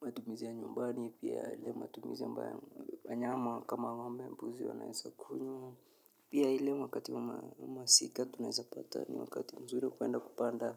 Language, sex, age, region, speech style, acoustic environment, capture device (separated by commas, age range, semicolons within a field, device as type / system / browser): Swahili, male, 25-34, Kenya, anxious, nature, mobile / Linux / Chrome